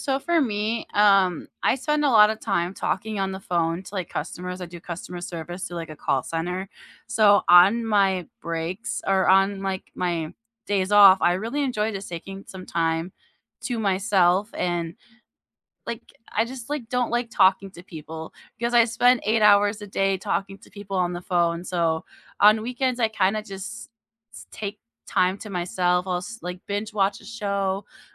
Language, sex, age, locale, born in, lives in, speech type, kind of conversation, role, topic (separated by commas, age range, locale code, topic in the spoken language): English, female, 30-34, en-US, United States, United States, conversation, unstructured, none, What is your favorite way to recharge after a long week?